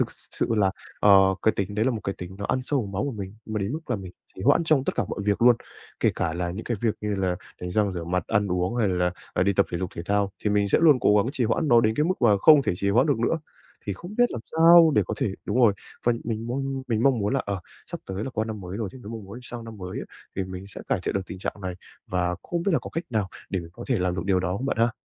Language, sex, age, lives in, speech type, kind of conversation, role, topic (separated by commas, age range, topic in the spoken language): Vietnamese, male, 20-24, Japan, conversation, advice, user, Làm thế nào để ngừng trì hoãn cả ngày?